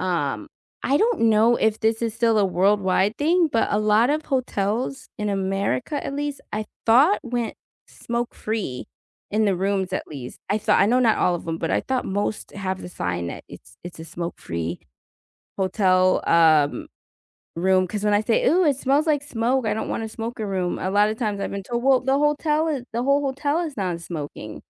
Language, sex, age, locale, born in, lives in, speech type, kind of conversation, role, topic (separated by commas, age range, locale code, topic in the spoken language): English, female, 40-44, en-US, United States, United States, conversation, unstructured, none, Have you ever been grossed out by how clean a hotel was?